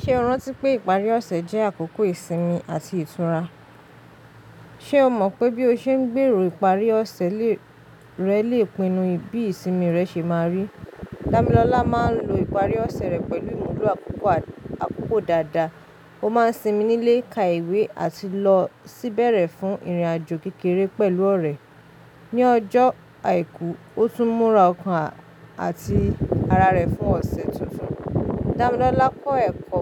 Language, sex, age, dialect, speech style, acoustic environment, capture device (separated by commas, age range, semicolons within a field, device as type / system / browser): Yoruba, female, 25-34, Nigeria - Lagos Yoruba, relaxed, silence, mobile / Linux / Chrome